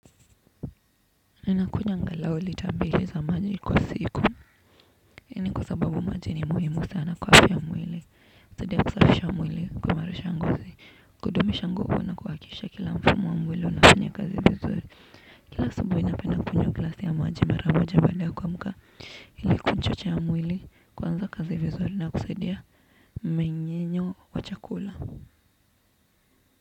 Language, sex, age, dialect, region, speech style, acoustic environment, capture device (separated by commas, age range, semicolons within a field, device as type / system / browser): Swahili, female, 18-24, Kenya - Nairobi Sheng-influenced, Kenya, focused, nature; appliances, desktop / Windows / Chrome